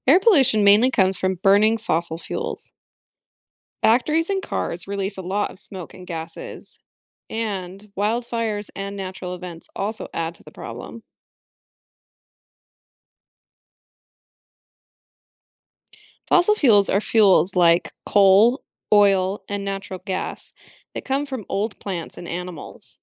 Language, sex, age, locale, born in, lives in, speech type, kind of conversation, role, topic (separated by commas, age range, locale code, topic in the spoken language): English, female, 30-34, en-US, United States, United States, read, expert-assistant, expert, What are the main causes of air pollution?